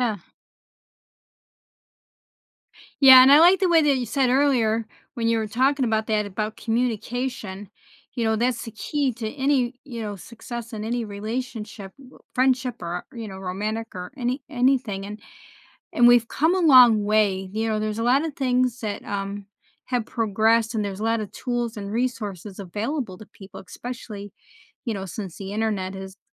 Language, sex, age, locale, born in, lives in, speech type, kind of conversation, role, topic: English, female, 55-59, en-US, United States, United States, conversation, podcast, host, Why is it important to view failure as a stepping stone to growth and learning?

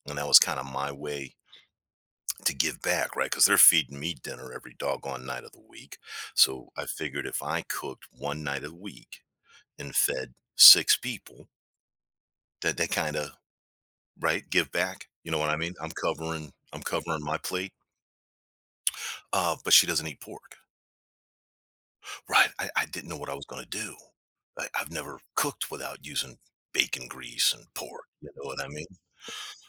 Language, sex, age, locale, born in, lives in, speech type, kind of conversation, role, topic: English, male, 55-59, en-US, United States, United States, conversation, podcast, guest, Why do certain foods bring us comfort and nostalgia?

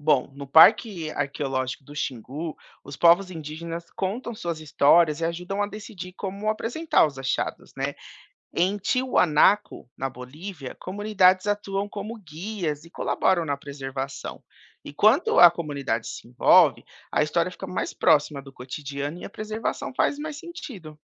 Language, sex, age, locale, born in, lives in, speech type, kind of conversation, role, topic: Portuguese, male, 30-34, pt-BR, Brazil, United States, read, expert-assistant, expert, E se encontrássemos uma nova civilização enterrada no Brasil que mudasse tudo o que sabemos sobre nossas origens?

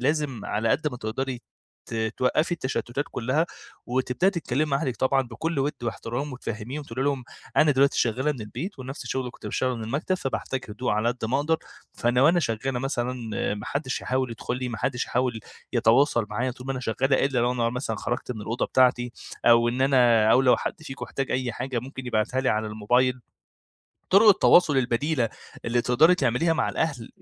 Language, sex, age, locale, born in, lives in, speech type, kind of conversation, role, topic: Arabic, male, 25-29, ar-EG, Egypt, Egypt, conversation, advice, advisor, ازاي أتغلّب على بلوك الإبداع لما أغيّر المكان وأحتاج أفكار جديدة بسرعة؟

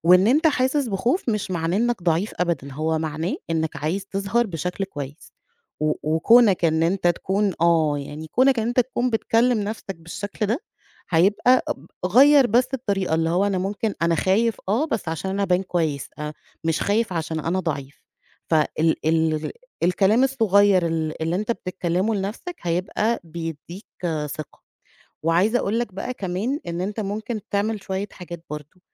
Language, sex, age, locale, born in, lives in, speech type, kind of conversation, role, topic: Arabic, female, 35-39, ar-EG, Egypt, Egypt, conversation, advice, advisor, إزاي أتغلب على خوفي من الكلام قدام جمهور لأول مرة؟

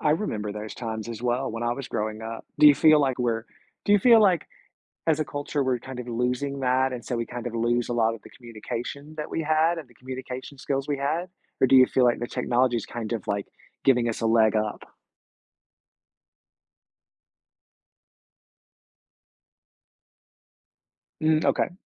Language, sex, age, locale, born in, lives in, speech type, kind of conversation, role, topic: English, male, 45-49, en-US, United States, United States, conversation, podcast, host, How do families create meaningful connections and lasting memories together?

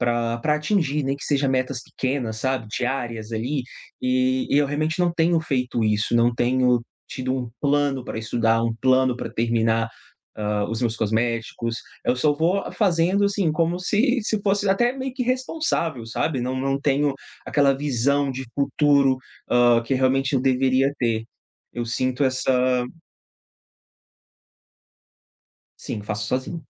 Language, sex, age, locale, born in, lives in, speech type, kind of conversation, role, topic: Portuguese, male, 30-34, pt-BR, Brazil, Portugal, conversation, advice, user, Como posso parar de começar tantos projetos e conseguir concluir o que inicio?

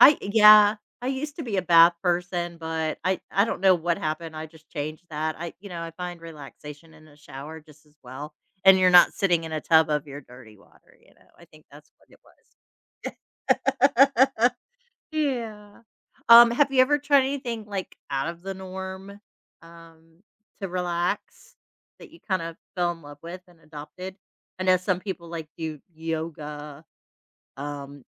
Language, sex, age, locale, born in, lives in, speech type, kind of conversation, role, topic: English, female, 50-54, en-US, United States, United States, conversation, unstructured, none, What helps you relax after a stressful day?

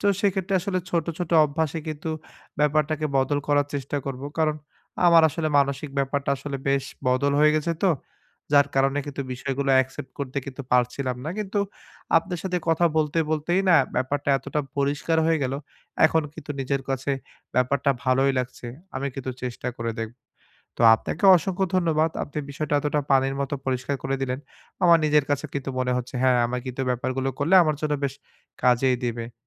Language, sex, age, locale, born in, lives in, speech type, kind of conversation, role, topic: Bengali, male, 25-29, bn-BD, Bangladesh, Bangladesh, conversation, advice, user, আমি কীভাবে দৈনন্দিন জীবনের পরিবর্তনগুলো সহজে গ্রহণ করতে পারি?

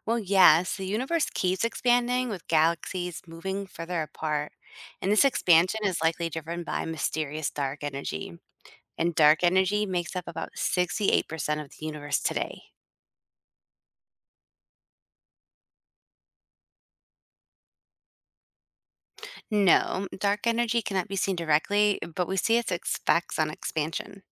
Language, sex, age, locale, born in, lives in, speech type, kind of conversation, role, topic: English, female, 40-44, en-US, United States, United States, read, expert-assistant, expert, What is the theory behind the Big Bang?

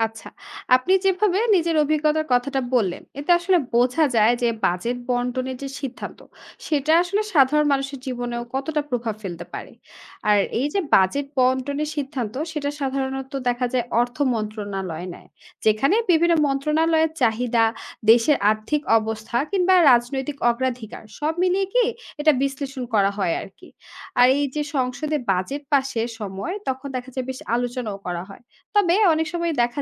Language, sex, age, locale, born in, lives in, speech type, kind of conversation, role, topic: Bengali, female, 25-29, bn-BD, Bangladesh, Bangladesh, read, expert-assistant, expert, বিনা খরচে চিকিৎসা পেলে স্বাস্থ্য ও শিক্ষাখাতে কী পরিবর্তন আসতে পারে?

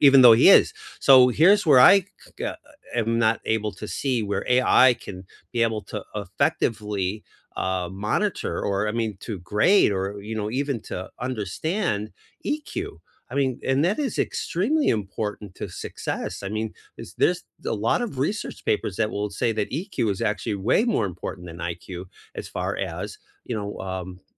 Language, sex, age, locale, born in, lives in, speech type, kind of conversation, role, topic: English, male, 55-59, en-US, United States, United States, conversation, unstructured, none, Will AI-powered technology tutors ultimately replace human teachers in most K–12 and higher-education classrooms?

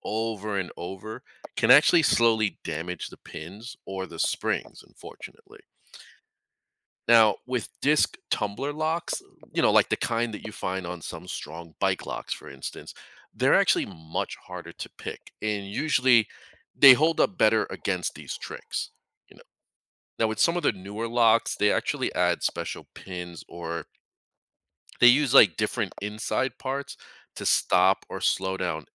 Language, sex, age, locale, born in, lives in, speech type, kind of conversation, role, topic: English, male, 40-44, en-US, United States, United States, read, expert-assistant, expert, How does a lock and key system work?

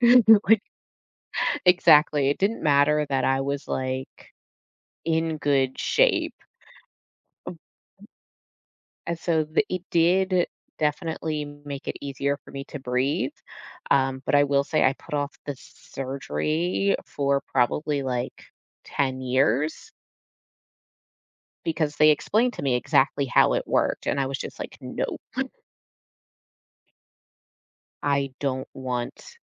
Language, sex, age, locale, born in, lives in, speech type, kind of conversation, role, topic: English, female, 35-39, en-US, United States, United States, conversation, unstructured, none, What routines help you recover more quickly after a cold or minor illness?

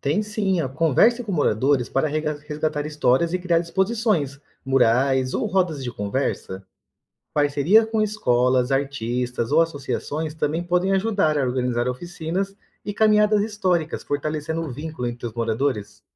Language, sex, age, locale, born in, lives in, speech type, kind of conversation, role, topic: Portuguese, male, 35-39, pt-BR, Brazil, Portugal, read, expert-assistant, expert, Como posso ajudar a valorizar e preservar a identidade do meu bairro?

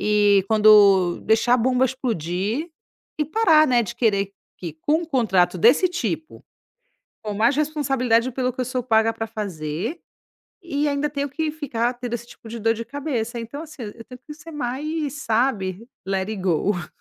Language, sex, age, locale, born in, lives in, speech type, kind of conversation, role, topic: Portuguese, female, 35-39, pt-BR, Brazil, Italy, conversation, advice, user, Como posso parar de desvalorizar o meu tempo ao assumir tarefas em vez de delegar?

